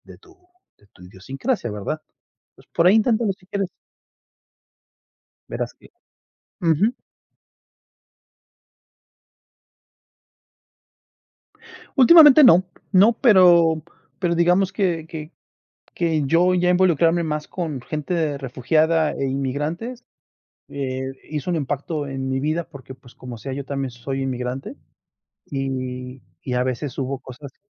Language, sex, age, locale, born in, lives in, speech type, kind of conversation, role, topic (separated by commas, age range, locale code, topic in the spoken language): Spanish, male, 40-44, es-MX, Mexico, United States, conversation, unstructured, none, ¿Qué actividades te hacen sentir más conectado con tu ciudad?